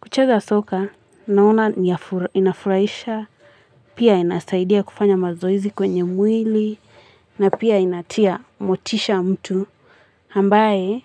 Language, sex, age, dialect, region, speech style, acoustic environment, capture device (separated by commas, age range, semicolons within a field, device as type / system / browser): Swahili, female, 18-24, Kenya - Nairobi Sheng-influenced, Kenya, happy, people talking; music; nature; traffic, mobile / Linux / Chrome